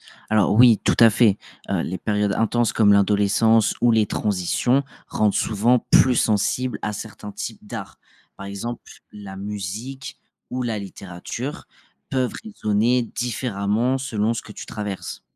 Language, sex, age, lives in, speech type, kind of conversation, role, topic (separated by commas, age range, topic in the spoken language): French, male, 25-29, France, read, expert-assistant, expert, Pourquoi l’art émeut-il différemment chaque personne ?